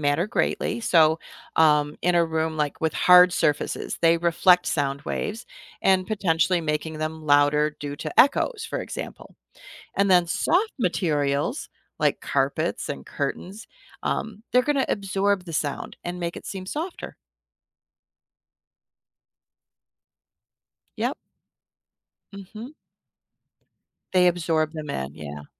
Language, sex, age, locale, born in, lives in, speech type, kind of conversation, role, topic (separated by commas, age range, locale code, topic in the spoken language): English, female, 55-59, en-US, United States, United States, read, expert-assistant, expert, What makes sound louder or softer?